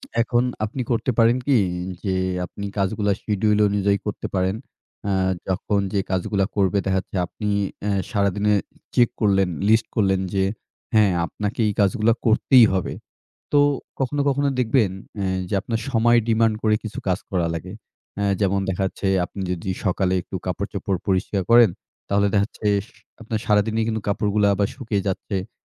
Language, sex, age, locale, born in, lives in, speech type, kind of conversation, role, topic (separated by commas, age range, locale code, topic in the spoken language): Bengali, male, 25-29, bn-BD, Bangladesh, Bangladesh, conversation, advice, advisor, একটি স্বাস্থ্যসংকট কীভাবে আপনাকে জীবনের প্রাধান্য ও অর্থ নতুন করে ভাবতে বাধ্য করেছে?